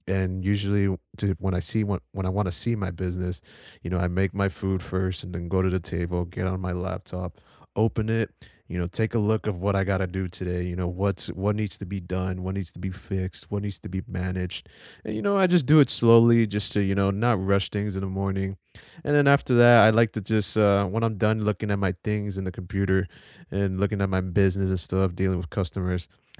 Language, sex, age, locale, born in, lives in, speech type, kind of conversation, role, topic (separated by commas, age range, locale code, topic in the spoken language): English, male, 20-24, en-US, United States, United States, conversation, unstructured, none, What small daily habit makes your day feel easier, and how did it become meaningful to you?